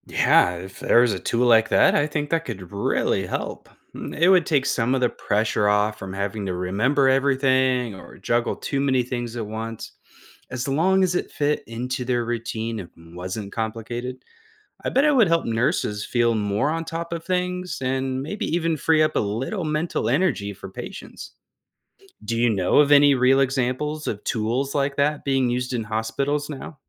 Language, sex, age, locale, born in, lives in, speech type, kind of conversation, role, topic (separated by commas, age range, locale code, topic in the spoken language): English, male, 35-39, en-US, United States, United States, read, expert-assistant, asker, How can nurses redesign workflows to reduce stress?